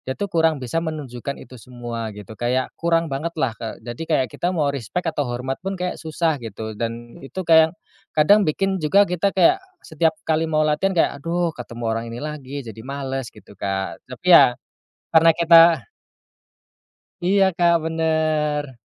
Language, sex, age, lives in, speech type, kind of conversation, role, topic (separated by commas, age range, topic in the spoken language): Indonesian, male, 30-34, Indonesia, conversation, podcast, guest, Ceritakan pengalaman pertamamu mengekspresikan diri lewat seni, dong?